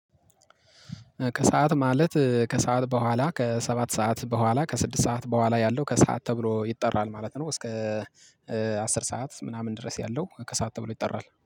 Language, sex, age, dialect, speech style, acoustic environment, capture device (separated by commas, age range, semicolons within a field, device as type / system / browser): Amharic, male, 25-34, Ethiopia - Gojjam, excited, silence, mobile / Linux / Chrome